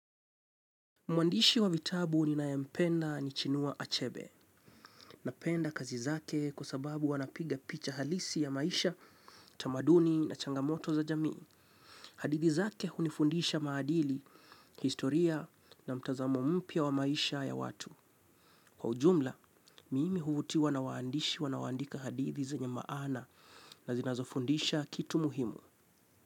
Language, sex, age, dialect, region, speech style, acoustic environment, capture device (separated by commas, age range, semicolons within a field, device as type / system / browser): Swahili, male, 25-34, Kenya - Mombasa, Kenya, relaxed; stressed, silence, mobile / Linux / Chrome